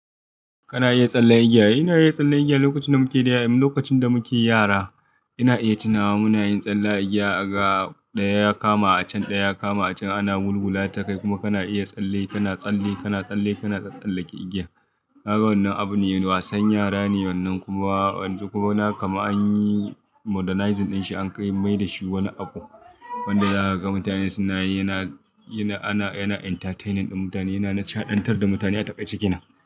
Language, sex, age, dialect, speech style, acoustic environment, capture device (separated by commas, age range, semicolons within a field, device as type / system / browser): Hausa, male, 25-34, Nigeria - Kano, happy, people talking, mobile / Linux / Chrome